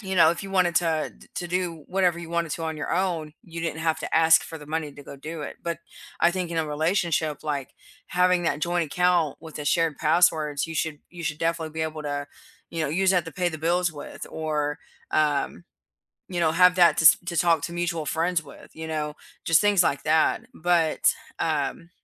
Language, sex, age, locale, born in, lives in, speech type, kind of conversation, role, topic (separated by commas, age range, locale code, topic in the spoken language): English, female, 30-34, en-US, United States, United States, conversation, unstructured, none, Does sharing passwords build trust, or does privacy better protect healthy relationships in modern partnerships?